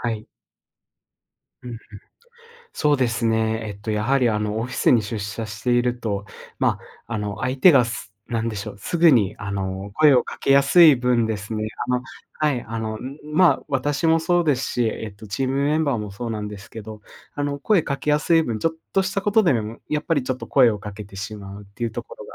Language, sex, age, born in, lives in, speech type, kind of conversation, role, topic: Japanese, male, 25-29, Japan, Japan, conversation, podcast, guest, リモートワークを効率よく進めるために、どんな工夫をしていますか？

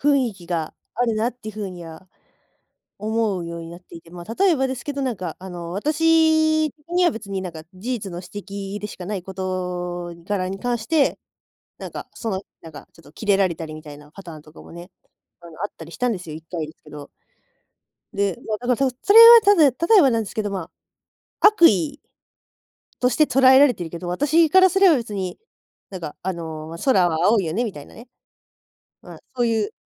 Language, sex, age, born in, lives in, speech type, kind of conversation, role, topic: Japanese, female, 20-24, Japan, Japan, conversation, podcast, guest, 自分の美学を変えたきっかけは何ですか？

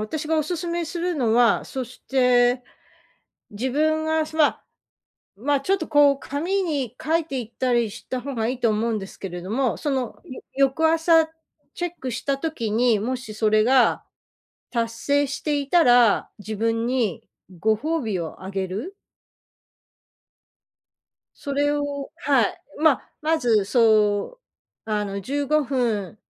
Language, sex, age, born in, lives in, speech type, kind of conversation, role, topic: Japanese, female, 55-59, Japan, United States, conversation, advice, advisor, 夜遅くまでスマホを見てしまい、睡眠不足が続いているのですが、どうすれば改善できますか？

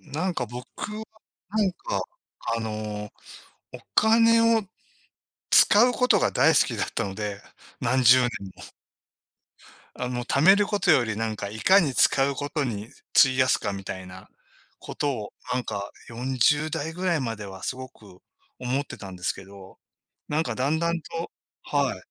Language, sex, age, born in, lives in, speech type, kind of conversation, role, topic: Japanese, male, 60-64, Japan, Japan, conversation, unstructured, none, お金を貯めるためのコツは何だと思いますか？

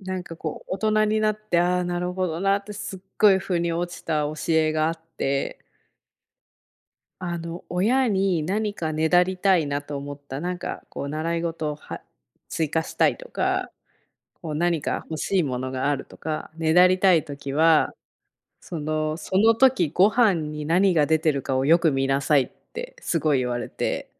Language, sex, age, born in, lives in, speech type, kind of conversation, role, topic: Japanese, female, 30-34, Japan, United States, conversation, unstructured, none, おじいちゃんやおばあちゃんとの思い出はありますか？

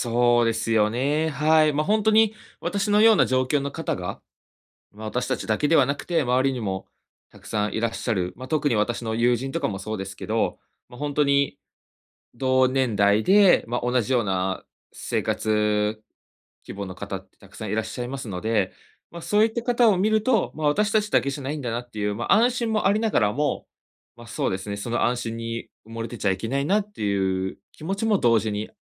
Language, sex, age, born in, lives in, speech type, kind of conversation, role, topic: Japanese, male, 25-29, Japan, Japan, conversation, advice, user, 借金やローンの返済で生活が苦しくなっている状況を教えていただけますか？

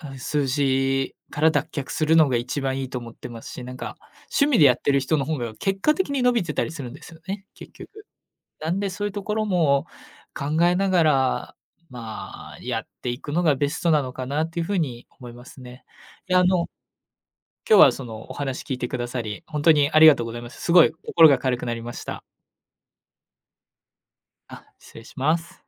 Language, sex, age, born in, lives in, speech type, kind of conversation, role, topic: Japanese, male, 20-24, Japan, Japan, conversation, advice, user, 完璧主義のせいで目標を達成できないとき、どうすればいいですか？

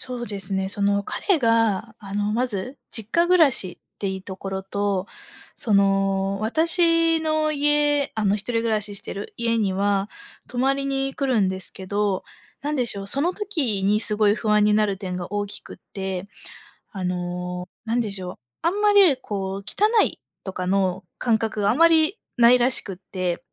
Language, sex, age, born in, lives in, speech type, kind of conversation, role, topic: Japanese, female, 25-29, Japan, Japan, conversation, advice, user, 同棲や結婚の前に、生活習慣や家事の分担について不安があるのですが、どうすればよいですか？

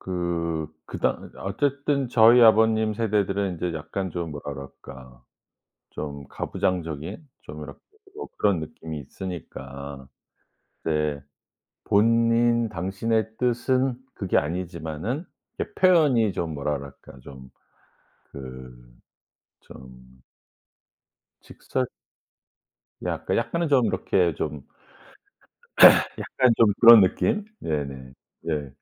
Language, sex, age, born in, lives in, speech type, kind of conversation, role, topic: Korean, male, 55-59, South Korea, United States, conversation, podcast, guest, 음식은 가족의 정체성에 어떤 역할을 하나요?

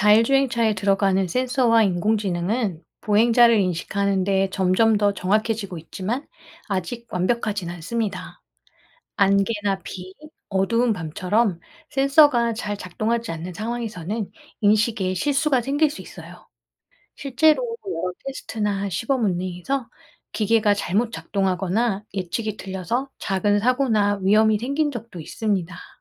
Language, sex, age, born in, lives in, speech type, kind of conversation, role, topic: Korean, female, 45-49, South Korea, France, read, expert-assistant, expert, 자율주행 자동차가 교통 안전에 미치는 영향에 대해 설명해 주세요.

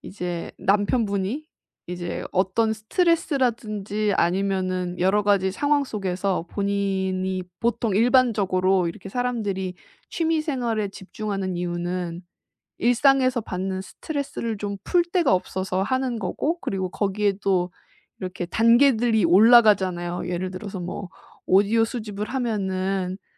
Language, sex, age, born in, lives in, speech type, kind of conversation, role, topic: Korean, female, 30-34, South Korea, Japan, conversation, advice, advisor, 연인과 다툼 없이 내 감정을 어떻게 안전하게 전달할 수 있을까요?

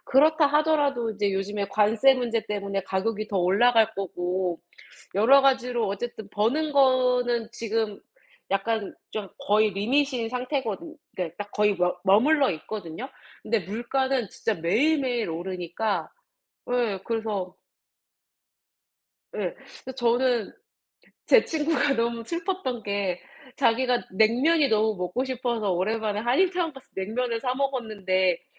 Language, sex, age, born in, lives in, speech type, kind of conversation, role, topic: Korean, female, 30-34, South Korea, United States, conversation, unstructured, none, 월급을 받아도 늘 빠듯하다고 느끼는 이유는 뭐라고 생각하세요?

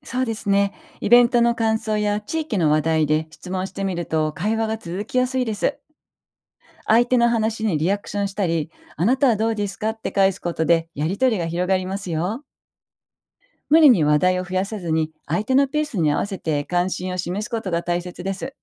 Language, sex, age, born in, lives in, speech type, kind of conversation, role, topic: Japanese, female, 55-59, Japan, Japan, read, expert-assistant, expert, 理想の住まいを選ぶとき、パートナー選びにたとえると、どんな共通点がありますか？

